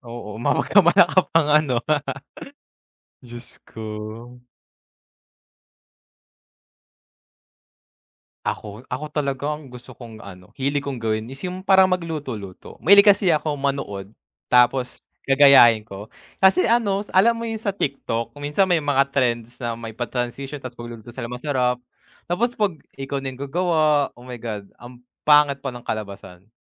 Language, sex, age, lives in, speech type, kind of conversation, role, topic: Filipino, male, 20-24, Philippines, conversation, unstructured, none, Anong simpleng gawain ang nagpapasaya sa araw mo?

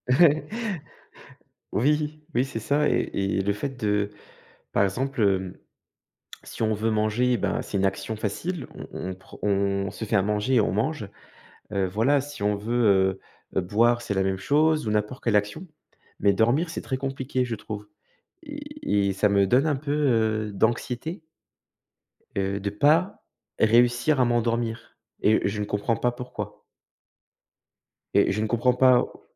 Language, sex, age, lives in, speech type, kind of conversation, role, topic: French, male, 35-39, France, conversation, advice, user, Comment décririez-vous votre anxiété liée au sommeil ou votre peur de ne pas pouvoir dormir ?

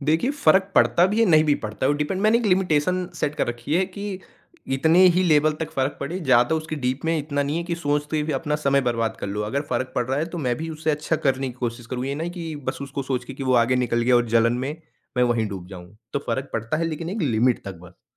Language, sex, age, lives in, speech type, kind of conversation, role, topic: Hindi, male, 40-44, India, conversation, podcast, guest, Social media use se tumhare mood par kya asar padta hai?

